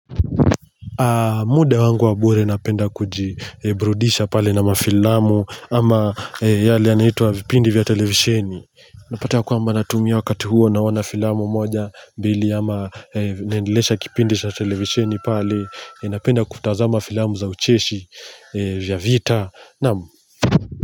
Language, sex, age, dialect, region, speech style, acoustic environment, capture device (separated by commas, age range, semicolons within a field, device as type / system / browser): Swahili, male, 25-34, Kenya - Mombasa, Kenya, happy; relaxed; excited, nature; silence, mobile / Linux / Chrome